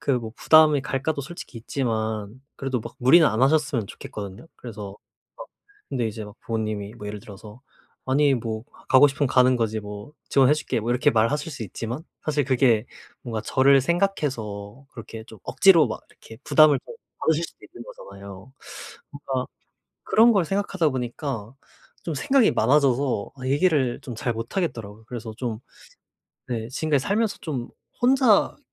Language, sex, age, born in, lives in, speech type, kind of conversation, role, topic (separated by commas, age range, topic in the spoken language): Korean, male, 25-29, South Korea, South Korea, conversation, advice, user, 중요한 선택을 앞두고 불확실함이 클 때 어떻게 결정하면 좋을까요?